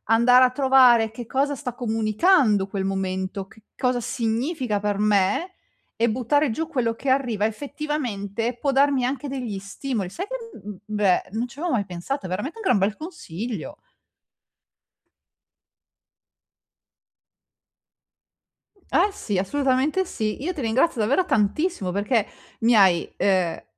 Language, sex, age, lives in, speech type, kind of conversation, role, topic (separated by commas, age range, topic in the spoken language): Italian, female, 40-44, Italy, conversation, advice, user, Come posso trasformare i momenti di vuoto in una concentrazione intenzionale per lavorare meglio?